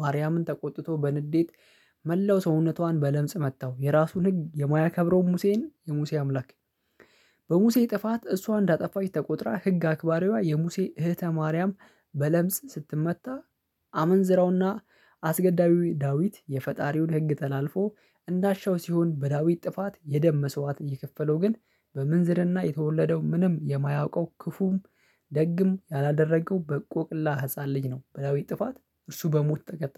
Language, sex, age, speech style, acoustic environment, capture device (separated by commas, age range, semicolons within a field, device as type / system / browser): Amharic, male, 25-34, happy, silence, mobile / Linux / Chrome